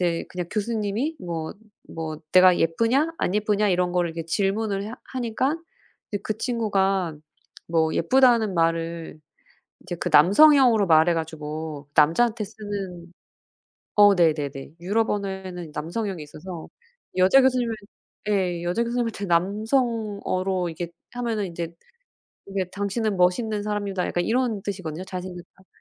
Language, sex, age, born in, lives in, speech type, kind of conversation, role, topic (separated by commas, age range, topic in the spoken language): Korean, female, 40-44, South Korea, United States, conversation, unstructured, none, 학교에서 가장 기억에 남는 수업은 무엇인가요?